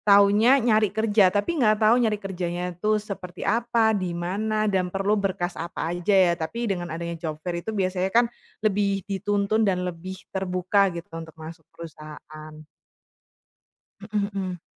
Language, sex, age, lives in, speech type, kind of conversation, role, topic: Indonesian, female, 25-29, Indonesia, conversation, podcast, host, Bagaimana sekolah dapat mempersiapkan siswa untuk menghadapi dunia kerja?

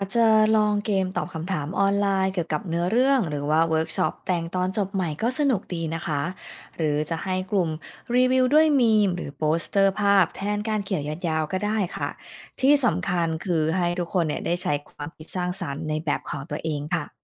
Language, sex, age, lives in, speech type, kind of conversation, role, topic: Thai, female, 35-39, United States, read, expert-assistant, expert, มีวิธีไหนบ้างที่ทำให้การวิจารณ์วรรณกรรมสนุกและเข้าถึงคนรุ่นใหม่มากขึ้น?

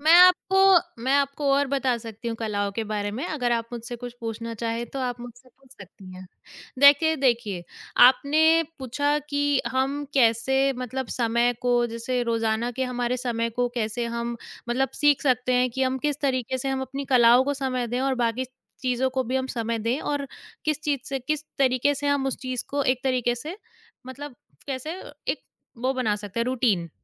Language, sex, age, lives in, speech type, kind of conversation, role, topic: Hindi, female, 20-24, India, conversation, podcast, guest, सीखने के लिए आप रोज़ाना कौन-सा छोटा कदम उठाते हैं?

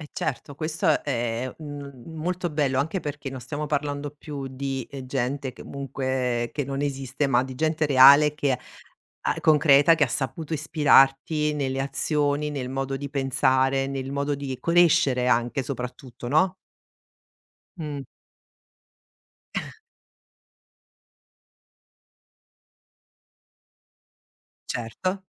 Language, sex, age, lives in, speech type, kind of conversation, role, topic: Italian, female, 45-49, Italy, conversation, podcast, host, Come trovi ispirazione nella vita di tutti i giorni?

